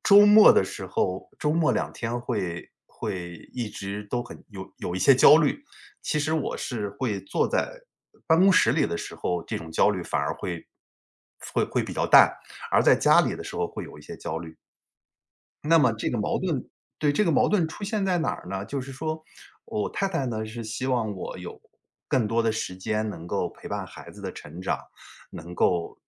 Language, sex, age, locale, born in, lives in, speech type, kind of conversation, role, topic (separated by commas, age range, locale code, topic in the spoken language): Chinese, male, 45-49, zh-CN, China, United States, conversation, advice, user, 你在家庭与事业的抉择中为什么会感到犹豫？